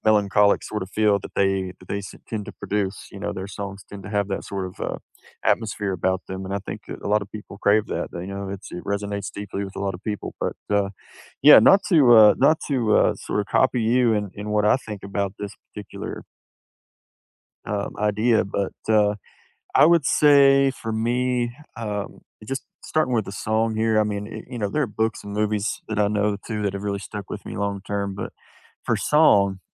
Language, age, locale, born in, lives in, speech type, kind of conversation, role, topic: English, 30-34, en-US, United States, United States, conversation, unstructured, none, Which book, movie, or song has stayed with you, and why does it still matter to you?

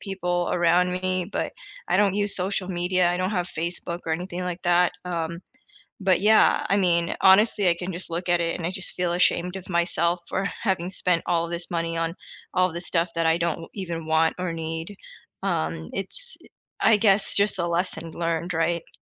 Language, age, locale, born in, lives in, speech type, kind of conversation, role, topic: English, 30-34, en-US, United States, United States, conversation, unstructured, none, What hobby would you love to pick up this year, and how could we explore it together?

